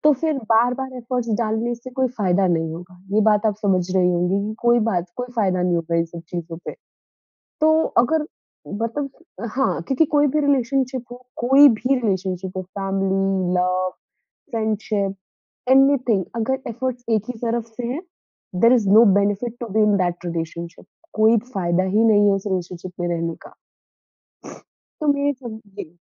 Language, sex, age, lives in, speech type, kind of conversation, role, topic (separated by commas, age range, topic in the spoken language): Hindi, female, 20-24, India, conversation, podcast, guest, Conflict ke baad pehli baar baat kaise shuru karte ho?